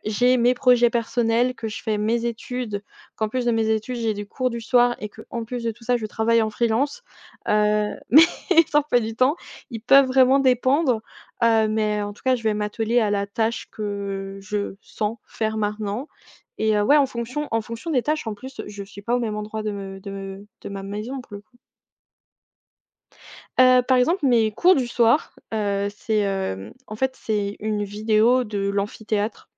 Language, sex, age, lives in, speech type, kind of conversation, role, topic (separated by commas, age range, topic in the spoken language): French, female, 20-24, France, conversation, podcast, guest, Comment organises-tu ton espace de travail à la maison ?